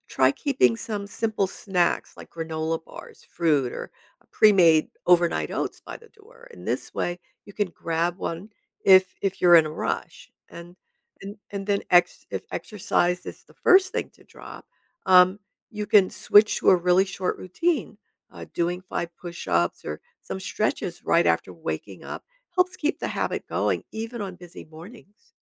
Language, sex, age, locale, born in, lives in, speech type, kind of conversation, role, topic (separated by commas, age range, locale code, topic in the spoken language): English, female, 70-74, en-US, United States, United States, read, expert-assistant, expert, How can you make your morning routine more productive?